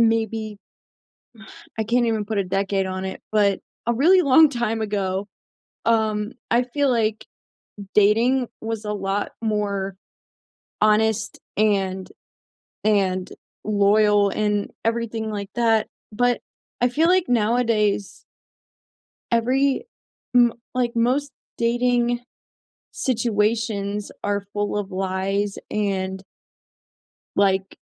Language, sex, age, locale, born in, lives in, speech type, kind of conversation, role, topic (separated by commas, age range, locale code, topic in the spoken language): English, female, 20-24, en-US, United States, United States, conversation, unstructured, none, How are the rules of modern dating changing for you, and what actually works?